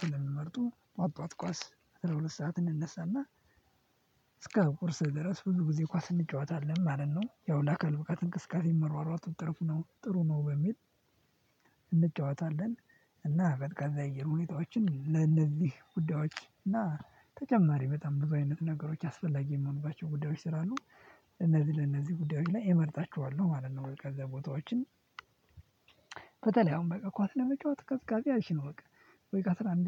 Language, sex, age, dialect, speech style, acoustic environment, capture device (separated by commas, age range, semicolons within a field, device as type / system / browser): Amharic, male, 18-24, Ethiopia - Addis Ababa, happy; nervous, people talking; silence; music, mobile / Linux / Chrome